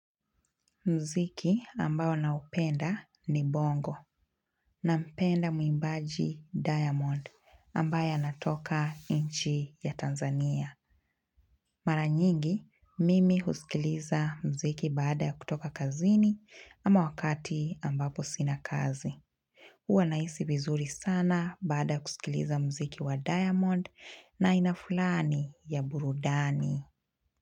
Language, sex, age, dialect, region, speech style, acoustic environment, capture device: Swahili, female, 25-34, Kenya - Mombasa, Kenya, relaxed, music, mobile / Linux / Chrome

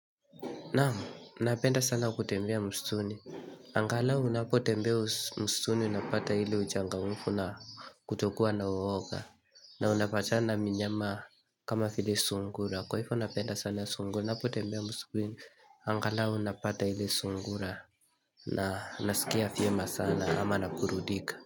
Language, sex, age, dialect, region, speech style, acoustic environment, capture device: Swahili, male, 18-24, Kenya - Nairobi Sheng-influenced, Kenya, focused, silence, mobile / Linux / Chrome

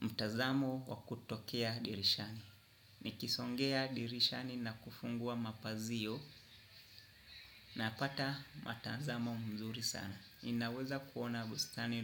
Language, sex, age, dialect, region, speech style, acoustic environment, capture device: Swahili, male, 25-34, Kenya - Nairobi Sheng-influenced, Kenya, happy, nature, desktop / Windows / Chrome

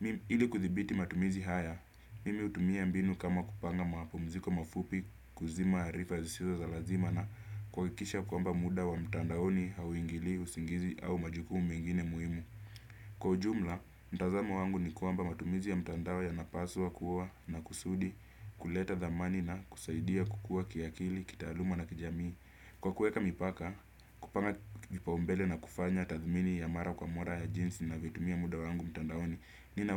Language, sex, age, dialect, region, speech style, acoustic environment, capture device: Swahili, male, 25-34, Kenya - Nairobi Sheng-influenced, Kenya, happy; excited; focused; relaxed, silence; nature, desktop / Windows / Chrome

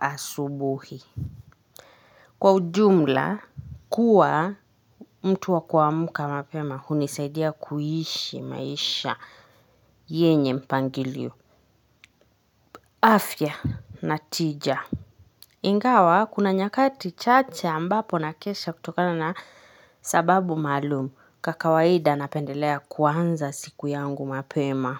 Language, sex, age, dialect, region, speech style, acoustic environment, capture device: Swahili, female, 25-34, Kenya - Nairobi Sheng-influenced, Kenya, stressed, people talking, mobile / Linux / Chrome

